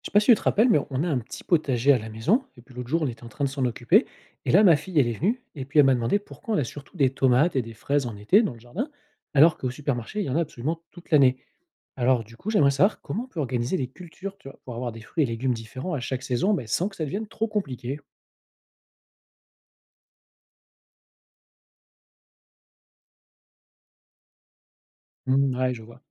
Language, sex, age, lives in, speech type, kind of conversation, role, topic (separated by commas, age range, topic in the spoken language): French, male, 40-44, France, read, expert-assistant, asker, Comment planifier la production d’aliments locaux pour nourrir une famille ou un petit groupe tout au long de l’année ?